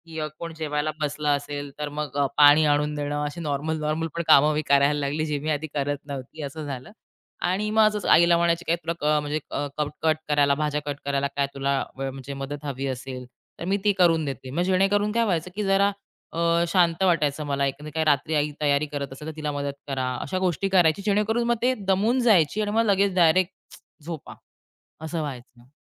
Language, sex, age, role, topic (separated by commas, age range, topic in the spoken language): Marathi, female, 30-34, guest, तुम्ही तुमच्या घरात मोबाईल फोन वापराबाबत कोणते नियम ठेवता?